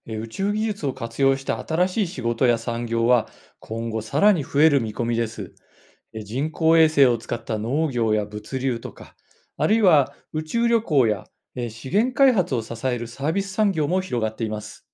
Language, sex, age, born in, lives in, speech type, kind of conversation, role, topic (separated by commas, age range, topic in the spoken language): Japanese, male, 55-59, Japan, Japan, read, expert-assistant, expert, 宇宙探査は私たちの社会や価値観にどんな影響を与えていると思いますか？